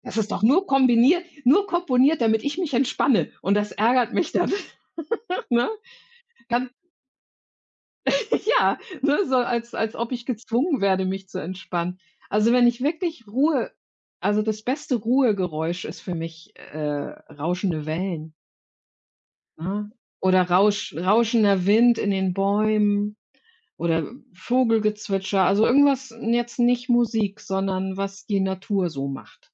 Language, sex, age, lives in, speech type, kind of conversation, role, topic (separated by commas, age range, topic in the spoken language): German, female, 55-59, Germany, conversation, unstructured, none, Wie beeinflusst Musik deine Stimmung im Alltag?